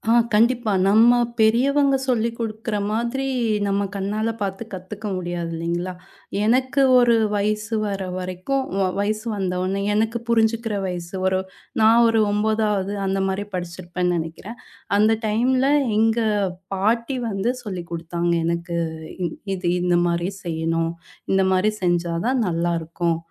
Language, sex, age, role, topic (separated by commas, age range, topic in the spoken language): Tamil, female, 30-34, guest, பழமையான குடும்ப உணவுகளின் சுவையை நீங்கள் எப்படிப் பாதுகாத்து வந்திருக்கிறீர்கள்?